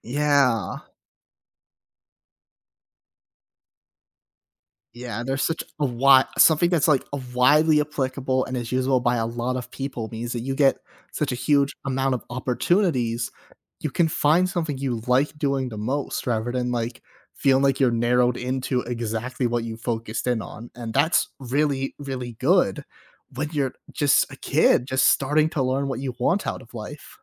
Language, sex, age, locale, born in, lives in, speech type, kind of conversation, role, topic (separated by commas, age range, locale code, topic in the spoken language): English, male, 25-29, en-US, United States, United States, conversation, podcast, host, What factors should you consider when choosing a career direction?